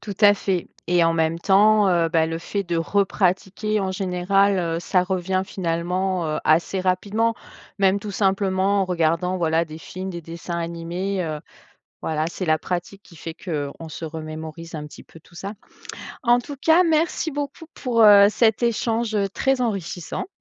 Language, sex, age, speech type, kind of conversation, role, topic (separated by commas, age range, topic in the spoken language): French, female, 45-49, conversation, podcast, host, Comment transmets-tu ta langue aux plus jeunes ?